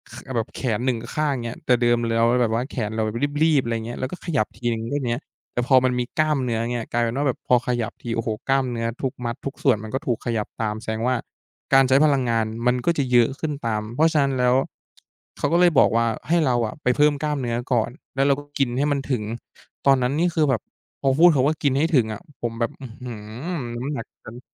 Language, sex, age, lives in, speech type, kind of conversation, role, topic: Thai, male, 20-24, Thailand, conversation, podcast, guest, มีแผนออกกำลังกายแบบง่ายๆ สำหรับคนเริ่มต้นไหม?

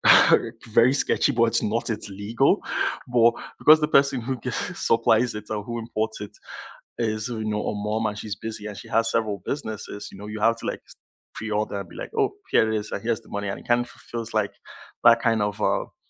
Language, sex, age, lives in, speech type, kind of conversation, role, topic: English, male, 20-24, Canada, conversation, unstructured, none, Which local food markets would you recommend to visitors?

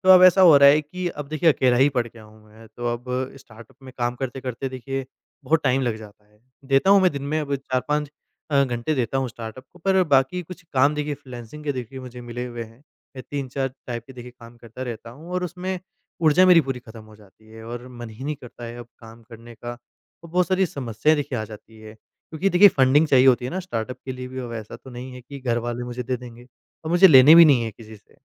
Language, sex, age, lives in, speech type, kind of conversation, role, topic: Hindi, male, 25-29, India, conversation, advice, user, आपको अपने उद्यम में काम करते हुए कब से थकान और काम के प्रति ऊर्जा की कमी महसूस होने लगी है?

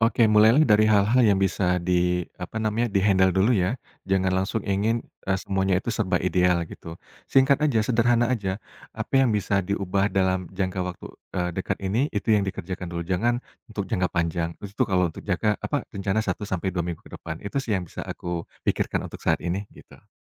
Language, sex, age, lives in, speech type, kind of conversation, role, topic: Indonesian, male, 35-39, Indonesia, conversation, podcast, guest, Bagaimana kamu menyeimbangkan hidup dan pekerjaan agar merasa puas?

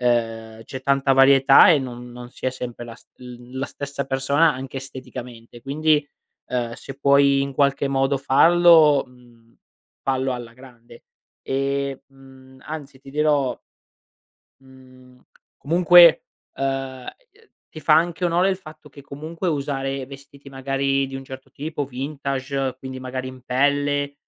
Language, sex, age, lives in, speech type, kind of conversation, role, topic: Italian, male, 25-29, Italy, conversation, advice, advisor, Come ti senti quando vieni giudicato per come ti vesti o ti presenti in pubblico?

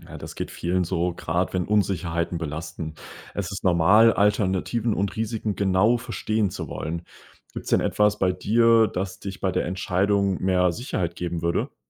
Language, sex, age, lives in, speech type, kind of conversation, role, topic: German, male, 20-24, Germany, read, expert-assistant, expert, Welche Vorteile und Nachteile hat es, öfter eine zweite ärztliche Meinung einzuholen, bevor man sich für eine Behandlung entscheidet?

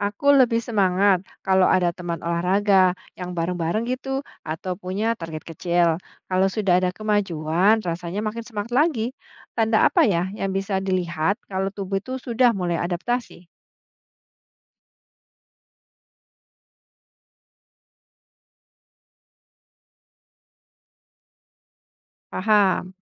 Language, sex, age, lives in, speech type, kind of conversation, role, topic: Indonesian, female, 45-49, Indonesia, read, expert-assistant, asker, Jika harus memilih antara tidur, nutrisi, atau olahraga untuk kesehatan fisiologis, mana yang sebaiknya didahulukan dan kenapa?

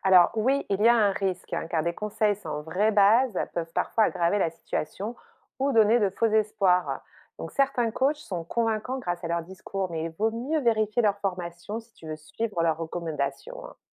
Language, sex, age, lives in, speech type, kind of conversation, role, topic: French, female, 45-49, France, read, expert-assistant, expert, Peut-on vraiment faire confiance aux conseils en santé mentale qu’on voit dans les podcasts populaires ?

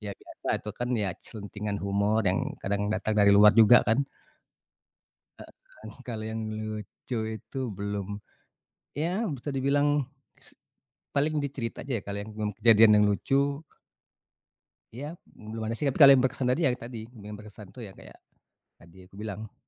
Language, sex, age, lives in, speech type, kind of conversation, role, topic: Indonesian, male, 40-44, Indonesia, conversation, podcast, guest, Siapa guru yang paling berkesan bagi Anda, dan mengapa beliau begitu berpengaruh dalam hidup Anda?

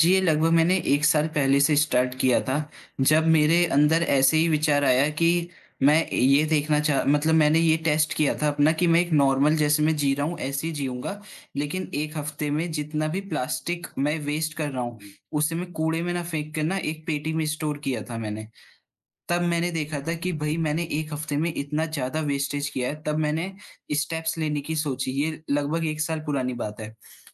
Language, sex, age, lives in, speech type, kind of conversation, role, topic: Hindi, male, 20-24, India, conversation, podcast, guest, Plastic ka istemal kam karne ke liye tum kaun-kaun se simple tareeke follow karte ho?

